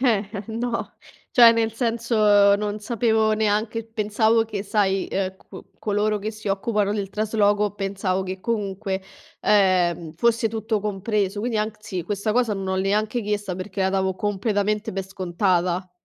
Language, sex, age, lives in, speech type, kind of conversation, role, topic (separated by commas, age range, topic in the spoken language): Italian, female, 25-29, Italy, conversation, advice, user, Come posso organizzare un trasloco con un budget limitato?